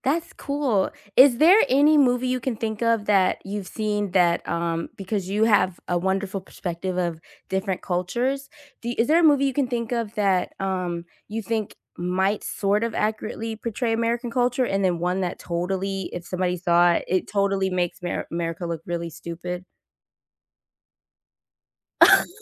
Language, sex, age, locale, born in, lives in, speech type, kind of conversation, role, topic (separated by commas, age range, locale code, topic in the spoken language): English, female, 40-44, en-US, United States, United States, conversation, unstructured, none, How can movies teach us about different cultures?